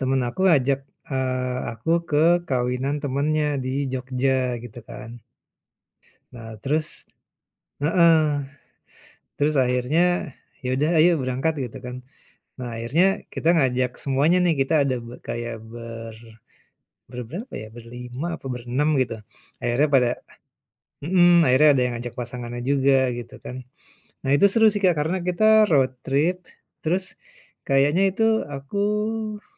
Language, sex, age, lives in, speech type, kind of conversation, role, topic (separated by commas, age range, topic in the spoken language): Indonesian, male, 45-49, Indonesia, conversation, podcast, guest, Apa momen perjalanan paling berkesan dalam hidupmu?